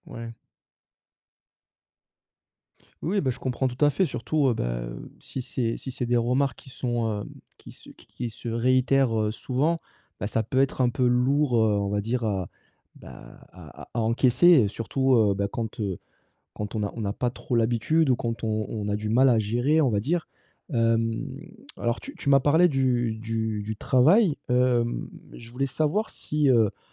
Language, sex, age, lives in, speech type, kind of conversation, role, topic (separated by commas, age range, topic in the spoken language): French, male, 30-34, France, conversation, advice, advisor, Comment recevoir des critiques sans les prendre personnellement ?